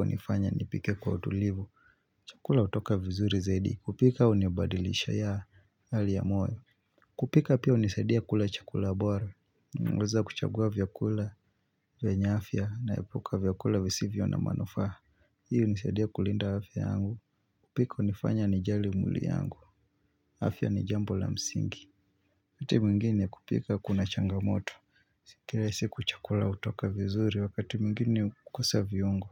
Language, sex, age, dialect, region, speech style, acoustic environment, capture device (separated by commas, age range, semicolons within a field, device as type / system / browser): Swahili, male, 25-34, Kenya - Mombasa, Kenya, focused, silence, mobile / Linux / Chrome